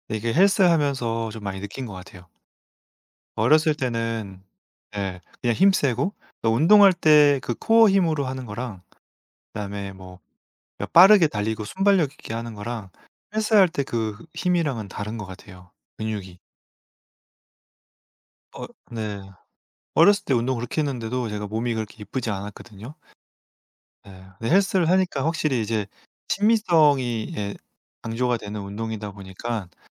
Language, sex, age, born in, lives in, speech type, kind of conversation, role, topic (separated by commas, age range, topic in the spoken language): Korean, male, 35-39, South Korea, France, conversation, unstructured, none, 운동을 꾸준히 하면서 생긴 가장 큰 변화는 무엇인가요?